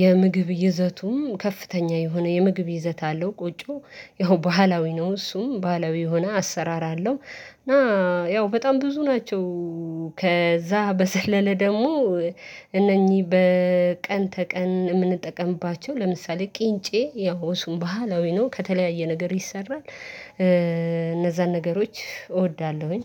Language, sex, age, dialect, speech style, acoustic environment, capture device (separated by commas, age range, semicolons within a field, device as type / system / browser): Amharic, female, 25-34, Ethiopia - Addis Ababa, happy, silence, mobile / Linux / Chrome